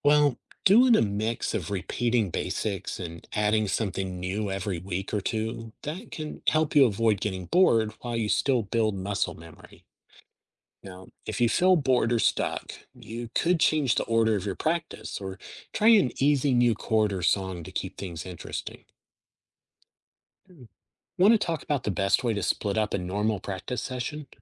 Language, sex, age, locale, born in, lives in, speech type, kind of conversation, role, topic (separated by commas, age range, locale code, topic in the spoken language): English, male, 50-54, en-US, United States, United States, read, expert-assistant, expert, How can learning a new skill change your perspective?